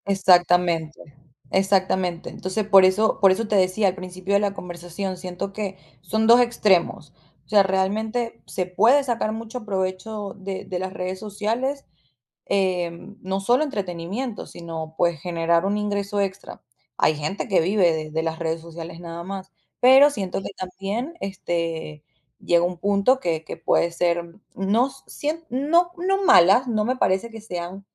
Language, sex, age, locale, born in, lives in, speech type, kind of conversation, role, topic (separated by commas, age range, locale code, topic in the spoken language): Spanish, female, 25-29, es-VE, Venezuela, United States, conversation, podcast, guest, ¿Qué piensas sobre las redes sociales hoy en día?